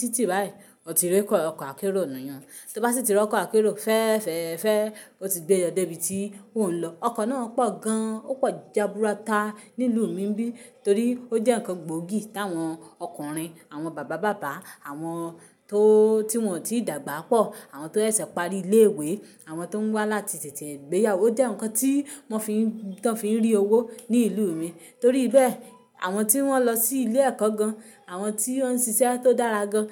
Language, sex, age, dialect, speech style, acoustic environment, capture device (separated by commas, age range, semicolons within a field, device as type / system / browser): Yoruba, female, 25-34, Nigeria - Ibadan, relaxed; happy; excited; focused, silence, mobile / Linux / Chrome